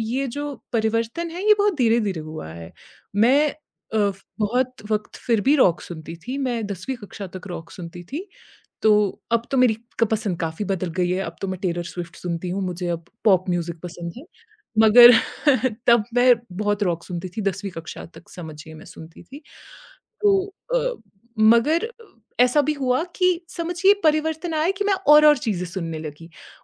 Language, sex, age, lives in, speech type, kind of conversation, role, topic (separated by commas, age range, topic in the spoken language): Hindi, female, 30-34, India, conversation, podcast, guest, किस फिल्मी गाने ने आपकी पसंद बदल दी?